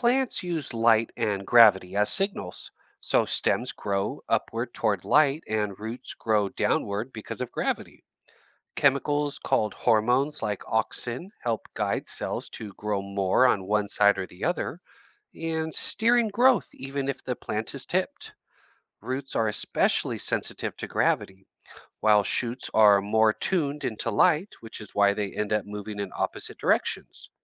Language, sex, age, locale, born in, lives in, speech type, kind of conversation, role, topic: English, male, 35-39, en-US, United States, United States, read, expert-assistant, expert, How do plants determine growth direction?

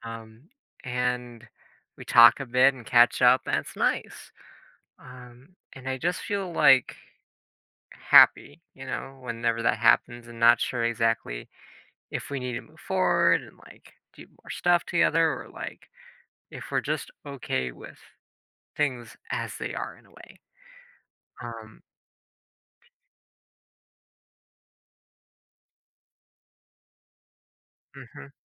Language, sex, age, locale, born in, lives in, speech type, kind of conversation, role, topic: English, male, 20-24, en-US, United States, United States, conversation, advice, user, How do I rebuild trust with a reconnected friend?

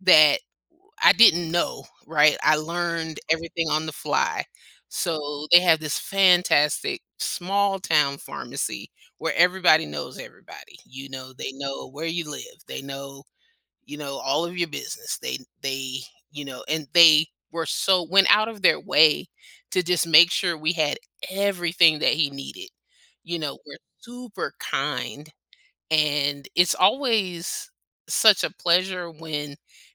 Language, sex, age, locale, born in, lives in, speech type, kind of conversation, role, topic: English, female, 50-54, en-US, United States, United States, conversation, podcast, guest, What helps people feel included and comfortable when joining a new community?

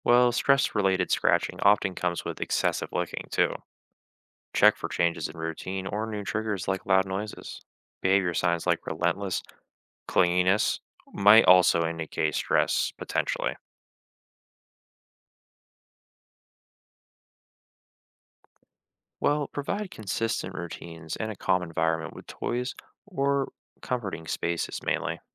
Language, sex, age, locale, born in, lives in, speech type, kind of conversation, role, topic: English, male, 20-24, en-US, United States, United States, read, expert-assistant, expert, What should I do if my pet is scratching excessively?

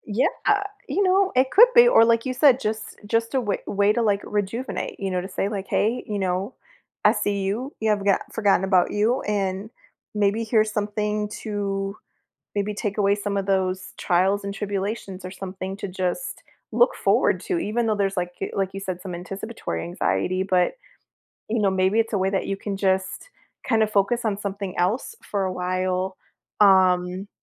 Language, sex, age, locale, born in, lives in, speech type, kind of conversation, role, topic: English, female, 40-44, en-US, United States, United States, conversation, advice, advisor, How can I celebrate my recent achievement and build confidence for future goals?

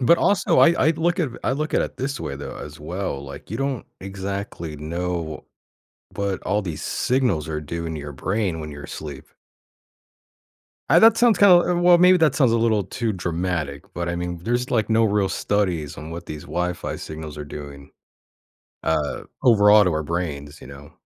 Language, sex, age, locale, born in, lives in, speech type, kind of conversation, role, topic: English, male, 35-39, en-US, United States, United States, conversation, podcast, host, What are some effective ways to maintain a healthy balance between digital devices and real-life activities?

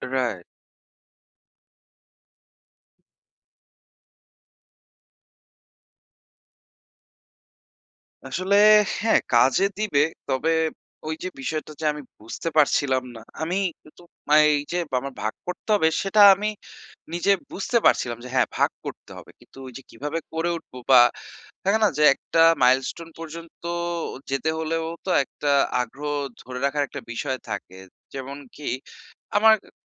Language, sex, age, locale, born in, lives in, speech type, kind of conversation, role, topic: Bengali, male, 25-29, bn-BD, Bangladesh, Bangladesh, conversation, advice, user, বড় লক্ষ্য ভেঙে বাস্তবসম্মত পরিকল্পনা করতে সেগুলোকে কীভাবে ছোট ছোট ধাপে ভাগ করব?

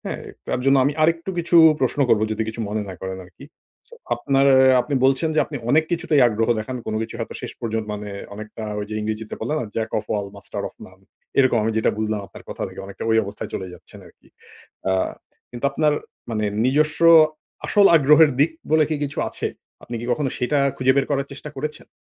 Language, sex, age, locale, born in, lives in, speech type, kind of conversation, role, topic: Bengali, male, 40-44, bn-BD, Bangladesh, Finland, conversation, advice, advisor, ভিন্ন আগ্রহ ও সীমাবদ্ধতা মেলানোর মাধ্যমে কীভাবে অনুষ্ঠান পরিকল্পনা করা যায়?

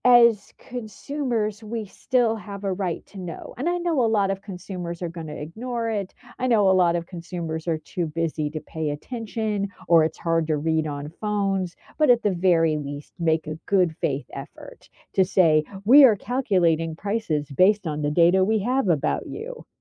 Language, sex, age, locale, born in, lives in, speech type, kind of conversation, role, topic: English, female, 45-49, en-US, United States, United States, conversation, unstructured, none, Do you think tech companies should be more honest with users?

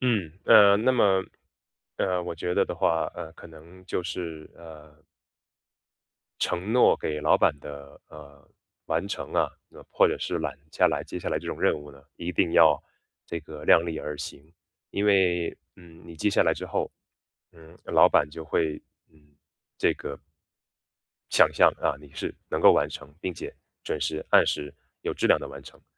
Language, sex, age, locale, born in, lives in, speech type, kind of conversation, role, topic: Chinese, male, 30-34, zh-CN, China, United States, conversation, advice, advisor, 我如何把许多琐碎的小任务合并处理，以提升专注力和工作效率？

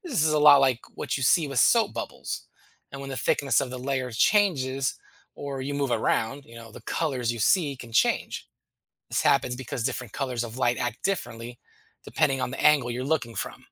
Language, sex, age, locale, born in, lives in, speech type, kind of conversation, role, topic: English, male, 40-44, en-US, United States, United States, read, expert-assistant, expert, What causes a prism to split light into colors?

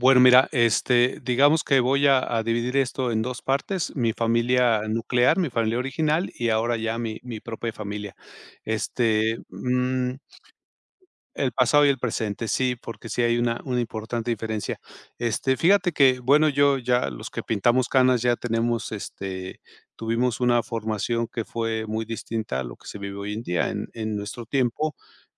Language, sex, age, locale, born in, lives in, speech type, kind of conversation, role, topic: Spanish, male, 55-59, es-MX, Mexico, Mexico, conversation, podcast, guest, ¿Cómo se expresaba el cariño en tu hogar?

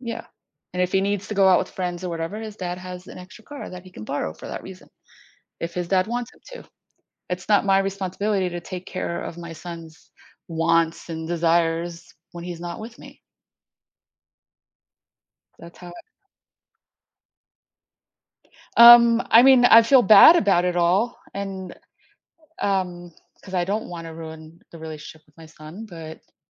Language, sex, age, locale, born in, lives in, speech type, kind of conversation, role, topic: English, female, 50-54, en-US, United States, United States, conversation, advice, user, How can I improve communication with my partner when we keep misunderstanding each other?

